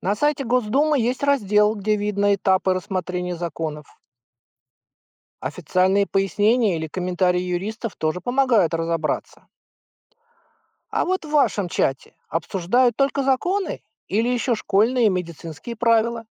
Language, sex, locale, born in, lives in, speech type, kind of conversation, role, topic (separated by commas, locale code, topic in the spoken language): Russian, male, ru-RU, Russia, United States, read, expert-assistant, expert, Как мамам проверять достоверность информации и новостей в чатах?